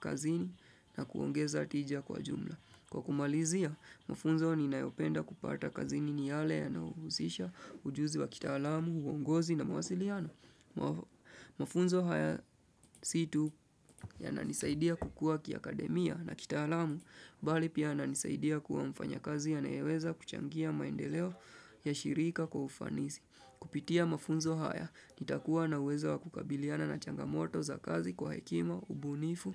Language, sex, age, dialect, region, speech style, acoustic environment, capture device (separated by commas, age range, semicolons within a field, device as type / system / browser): Swahili, male, 25-34, Kenya - Nairobi Sheng-influenced, Kenya, tired, appliances; nature, desktop / Windows / Chrome